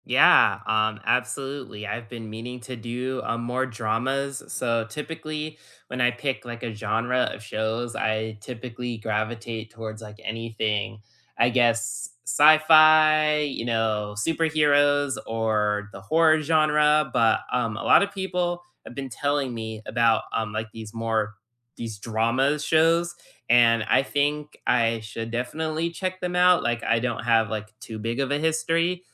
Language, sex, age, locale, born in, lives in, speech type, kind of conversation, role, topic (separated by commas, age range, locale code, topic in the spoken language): English, male, 20-24, en-US, United States, United States, conversation, unstructured, none, What does your ideal weekend binge-watch lineup look like, and what snacks do you reach for?